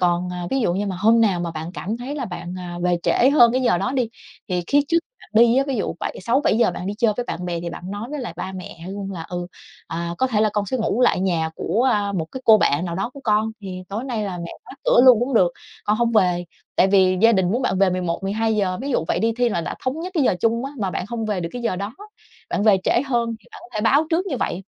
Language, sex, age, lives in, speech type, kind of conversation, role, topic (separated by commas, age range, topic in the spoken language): Vietnamese, female, 30-34, Vietnam, conversation, advice, advisor, Làm thế nào để giải quyết xung đột về chỗ ở khi sống chung nhiều thế hệ?